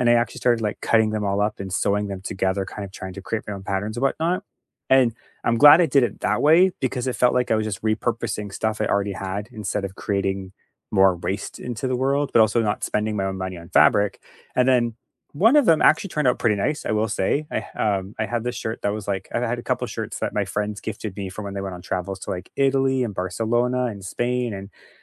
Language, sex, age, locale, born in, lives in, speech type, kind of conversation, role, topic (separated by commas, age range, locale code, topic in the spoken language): English, male, 35-39, en-CA, Canada, Canada, conversation, unstructured, none, How do you decide which skill is worth learning?